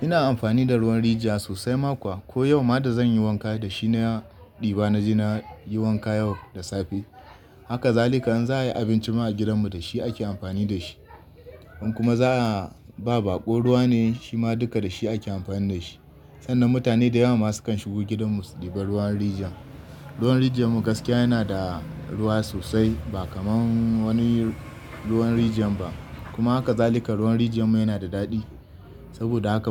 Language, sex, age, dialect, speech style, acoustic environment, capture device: Hausa, male, 25-34, Nigeria - Kano, happy; excited; relaxed, nature, desktop / Linux / Chrome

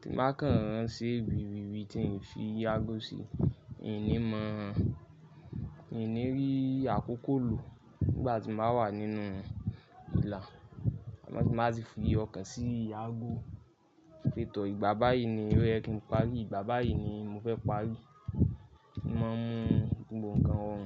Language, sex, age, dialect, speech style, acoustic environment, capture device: Yoruba, male, 25-34, Nigeria - Lagos Yoruba, focused, nature, mobile / Linux / Chrome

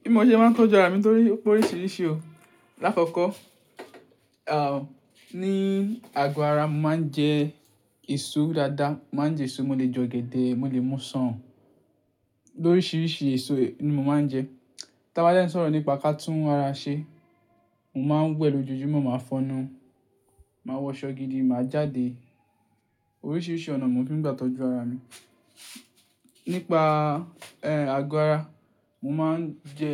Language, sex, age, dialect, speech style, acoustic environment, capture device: Yoruba, male, 18-24, Nigeria - Ibadan, stressed, silence, mobile / Linux / Chrome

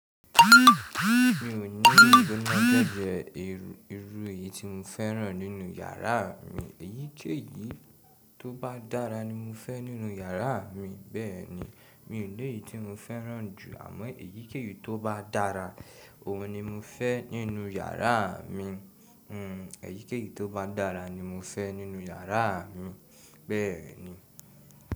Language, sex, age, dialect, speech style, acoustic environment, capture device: Yoruba, male, 18-24, Nigeria - Ibadan, happy, people talking, mobile / Linux / Chrome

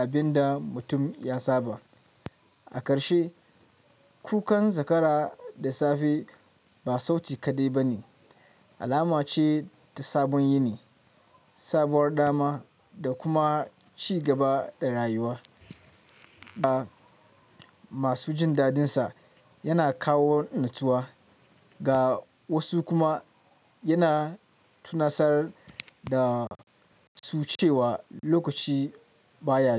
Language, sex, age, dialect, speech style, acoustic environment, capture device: Hausa, male, 25-34, Nigeria - Kano, relaxed, nature, mobile / Linux / Chrome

